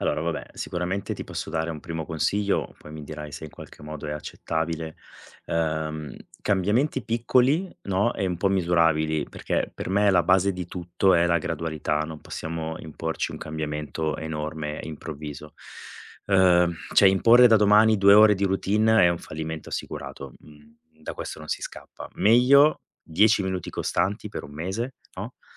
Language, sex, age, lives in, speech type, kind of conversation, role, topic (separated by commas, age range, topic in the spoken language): Italian, male, 40-44, Italy, conversation, advice, advisor, Come posso impostare una routine mattutina stabile prima del lavoro?